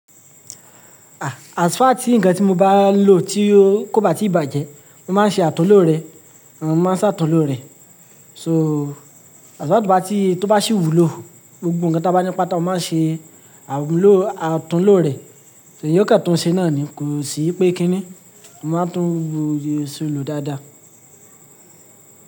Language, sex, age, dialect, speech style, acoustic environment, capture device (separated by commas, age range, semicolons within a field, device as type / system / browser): Yoruba, male, 25-34, Nigeria - Lagos Yoruba, happy; relaxed; focused; excited, silence, mobile / Linux / Chrome